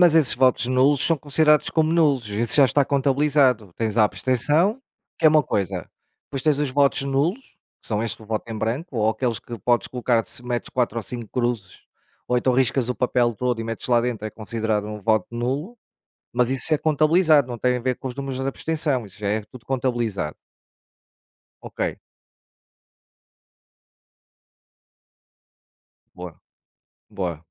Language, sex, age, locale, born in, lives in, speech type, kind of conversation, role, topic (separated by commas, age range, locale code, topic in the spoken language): Portuguese, male, 45-49, pt-PT, Portugal, Portugal, conversation, unstructured, none, Por que é importante votar nas eleições?